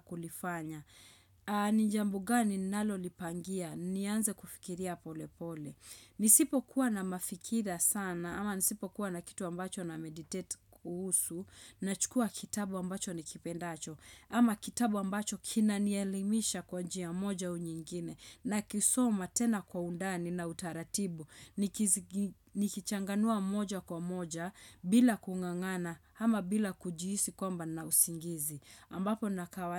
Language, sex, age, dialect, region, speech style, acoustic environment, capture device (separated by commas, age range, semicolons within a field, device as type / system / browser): Swahili, female, 25-34, Kenya - Nairobi Sheng-influenced, Kenya, happy, nature, desktop / Windows / Chrome